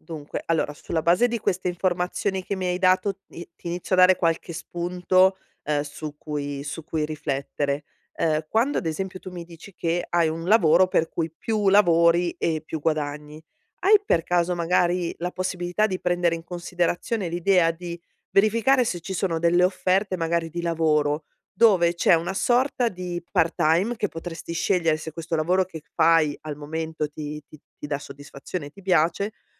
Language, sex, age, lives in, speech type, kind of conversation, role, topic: Italian, female, 40-44, Italy, conversation, advice, advisor, Come posso gestire la mia insicurezza nella relazione senza ferire il mio partner?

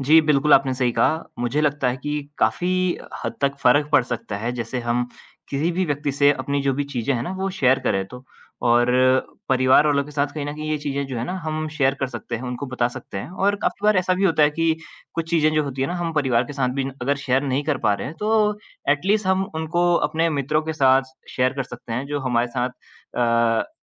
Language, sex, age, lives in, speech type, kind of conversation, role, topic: Hindi, male, 20-24, India, conversation, unstructured, none, जब आपका तनाव बहुत बढ़ जाता है, तो आप उसे कैसे संभालते हैं?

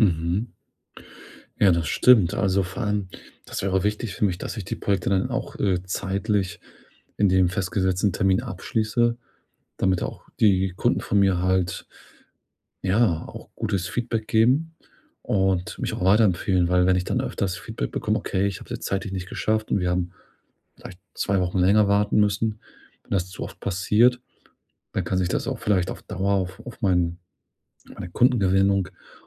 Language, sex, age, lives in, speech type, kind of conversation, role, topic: German, male, 40-44, Germany, conversation, advice, user, Wie schaffe ich es, Projekte abzuschließen, statt immer wieder neu anzufangen?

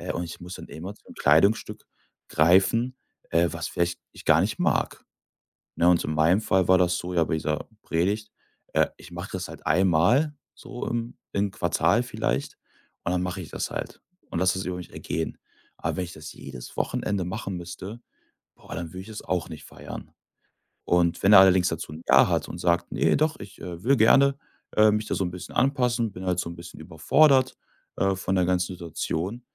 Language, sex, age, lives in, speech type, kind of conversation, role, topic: German, male, 25-29, Germany, conversation, podcast, guest, Was würdest du jemandem raten, der seinen Stil neu entdecken will?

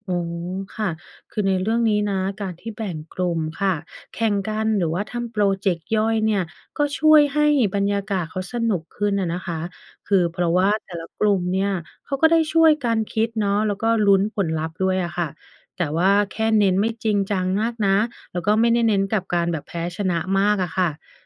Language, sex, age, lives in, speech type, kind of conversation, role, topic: Thai, female, 30-34, United States, read, expert-assistant, expert, จะวางแผนกิจกรรมกลุ่มอย่างไรให้ทุกคนมีส่วนร่วมและสนุก?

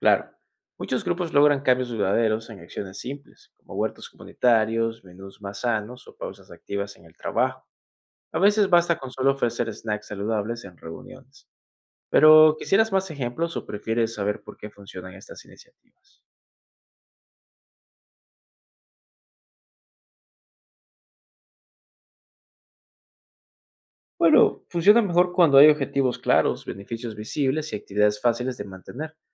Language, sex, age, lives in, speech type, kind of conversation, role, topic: Spanish, male, 20-24, United States, read, expert-assistant, expert, ¿Qué tiene mayor impacto en la salud pública: promover la actividad física regular o mejorar la alimentación en la población?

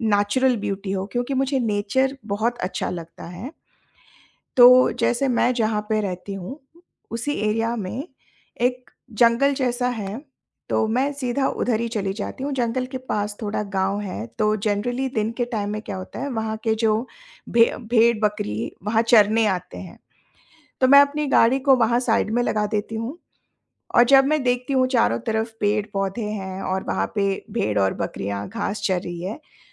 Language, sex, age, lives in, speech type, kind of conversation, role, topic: Hindi, female, 45-49, India, conversation, podcast, guest, Stress badhne par aap sabse pehle kya karte ho?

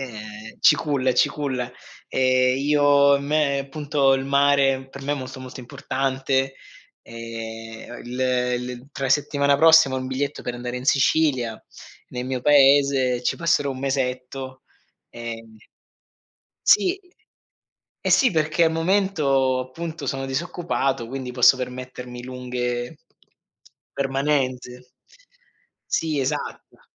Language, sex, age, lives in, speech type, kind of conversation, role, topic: Italian, male, 40-44, Germany, conversation, unstructured, none, Qual è un momento recente in cui ti sei sentito davvero felice?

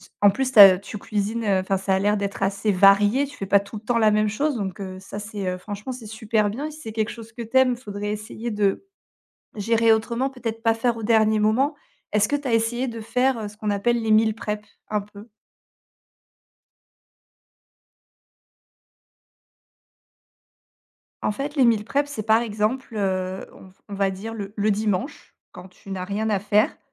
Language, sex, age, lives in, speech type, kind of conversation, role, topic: French, female, 35-39, France, conversation, advice, advisor, Pourquoi la planification et la préparation des repas de la semaine vous épuisent-elles ?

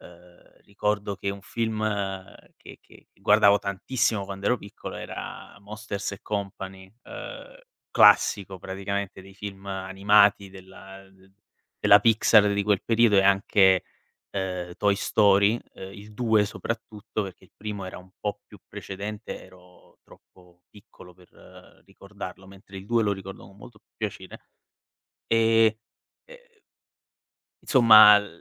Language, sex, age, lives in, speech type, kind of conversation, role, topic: Italian, male, 25-29, Italy, conversation, podcast, guest, Come ti riconnetti con te stesso attraverso film o libri?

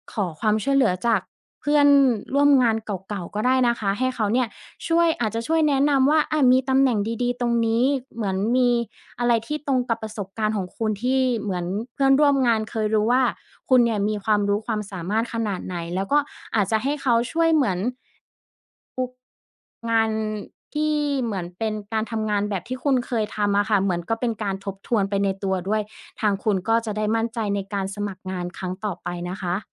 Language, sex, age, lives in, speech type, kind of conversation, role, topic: Thai, female, 30-34, Thailand, conversation, advice, advisor, จะเริ่มกลับไปทำงานอีกครั้งหลังพักยาวอย่างไรดี?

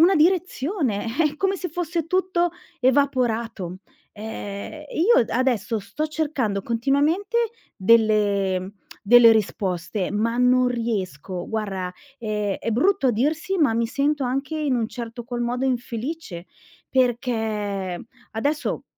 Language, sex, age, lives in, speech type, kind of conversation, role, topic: Italian, female, 45-49, Italy, conversation, advice, user, Perché mi sento svuotato dopo aver raggiunto un grande traguardo?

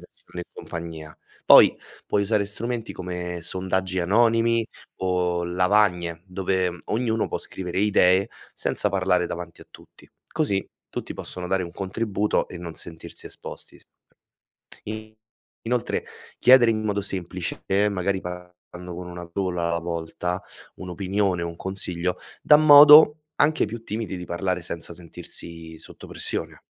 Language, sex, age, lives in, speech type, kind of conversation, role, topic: Italian, male, 25-29, Italy, read, expert-assistant, expert, Come si può favorire la collaborazione tra generazioni diverse?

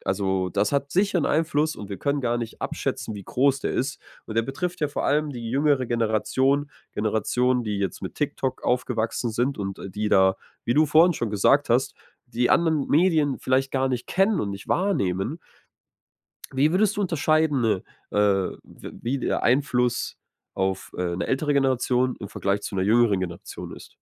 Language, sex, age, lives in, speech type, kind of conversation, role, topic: German, male, 25-29, Germany, conversation, podcast, host, Wie verändern Influencer unser Bild von Erfolg und Glück?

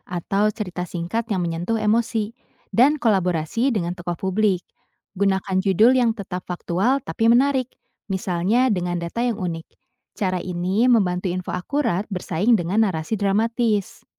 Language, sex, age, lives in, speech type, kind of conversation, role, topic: Indonesian, female, 25-29, Indonesia, read, expert-assistant, expert, Bagaimana cara memastikan informasi tentang upaya konservasi satwa liar yang saya temukan di internet benar dan dapat dipercaya?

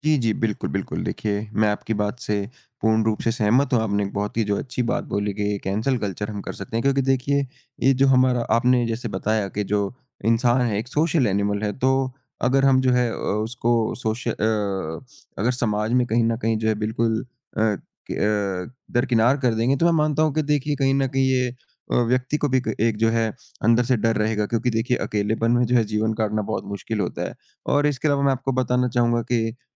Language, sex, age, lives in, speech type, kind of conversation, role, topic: Hindi, male, 20-24, India, conversation, unstructured, none, आपके अनुसार भ्रष्टाचार कैसे कम किया जा सकता है?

